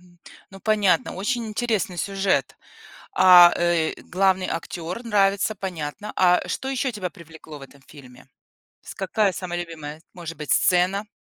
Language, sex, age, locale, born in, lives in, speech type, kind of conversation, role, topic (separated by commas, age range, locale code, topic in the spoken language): Russian, female, 40-44, ru-RU, Belarus, United States, conversation, podcast, host, О каком фильме, который особенно вас впечатлил, вы могли бы рассказать?